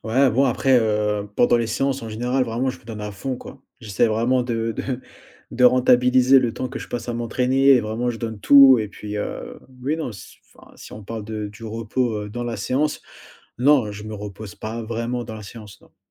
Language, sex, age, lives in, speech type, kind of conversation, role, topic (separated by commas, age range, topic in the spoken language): French, male, 20-24, France, conversation, advice, user, Pourquoi ai-je perdu ma motivation après un bon départ ?